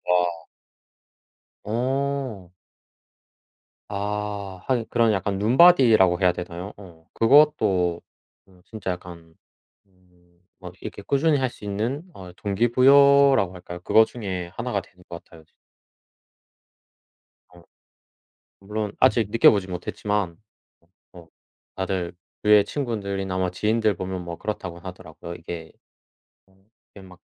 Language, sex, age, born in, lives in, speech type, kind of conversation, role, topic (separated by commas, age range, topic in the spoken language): Korean, male, 25-29, South Korea, South Korea, conversation, unstructured, none, 운동할 때 가장 중요하게 생각하는 점은 무엇인가요?